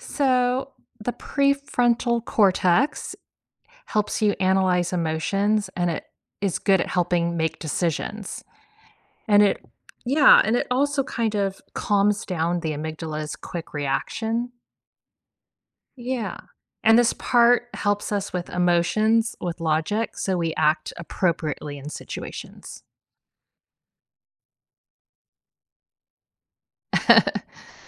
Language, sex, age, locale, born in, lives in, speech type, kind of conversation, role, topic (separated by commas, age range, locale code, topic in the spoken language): English, female, 55-59, en-US, United States, United States, read, expert-assistant, expert, How does the brain process emotions?